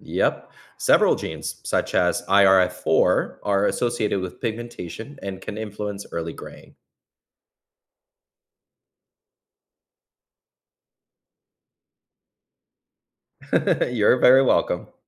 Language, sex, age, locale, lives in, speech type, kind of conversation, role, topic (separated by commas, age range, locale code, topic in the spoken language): English, male, 35-39, en-US, United States, read, expert-assistant, expert, What makes hair turn gray with age?